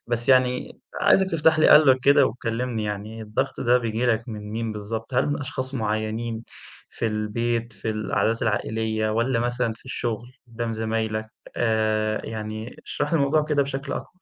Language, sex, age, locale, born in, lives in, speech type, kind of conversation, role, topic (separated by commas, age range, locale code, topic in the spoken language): Arabic, male, 20-24, ar-EG, Egypt, Egypt, conversation, advice, advisor, إزاي بتتعامل مع الضغط إنك ما تبينش ضعفك أو مشاكلك النفسية قدام الناس؟